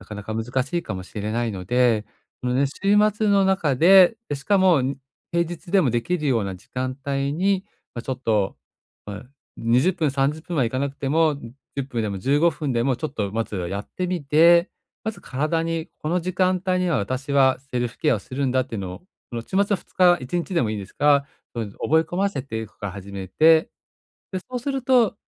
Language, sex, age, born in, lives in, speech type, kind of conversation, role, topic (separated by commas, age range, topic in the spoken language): Japanese, male, 45-49, Japan, Japan, conversation, advice, advisor, セルフケアを習慣化するにはどうすればよいですか？